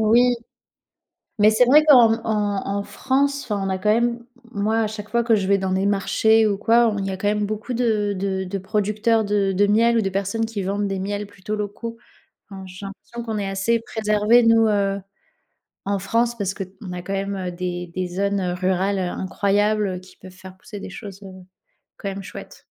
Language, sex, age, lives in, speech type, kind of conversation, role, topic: French, female, 25-29, France, conversation, unstructured, none, Pourquoi les abeilles sont-elles essentielles à la nature ?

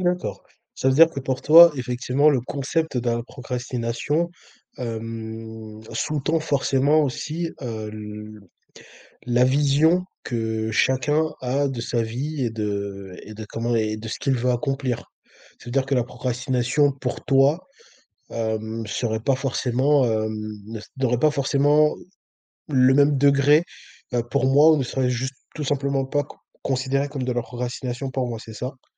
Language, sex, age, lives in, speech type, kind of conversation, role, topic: French, male, 20-24, France, conversation, podcast, host, Tu fais quoi pour éviter de procrastiner à la maison ?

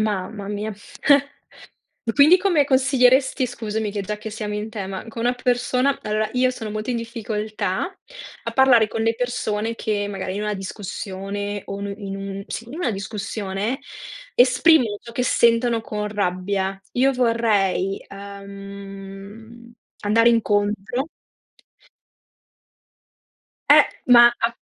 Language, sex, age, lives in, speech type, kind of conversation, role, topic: Italian, female, 30-34, Italy, conversation, unstructured, none, Qual è la cosa che ti fa arrabbiare di più nella vita quotidiana?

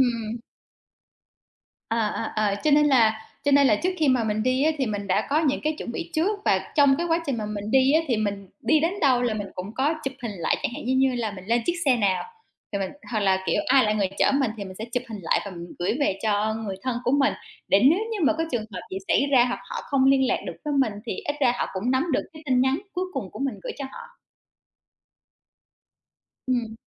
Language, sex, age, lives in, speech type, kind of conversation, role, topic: Vietnamese, female, 30-34, Vietnam, conversation, unstructured, none, Làm sao bạn giữ an toàn khi đi du lịch bụi?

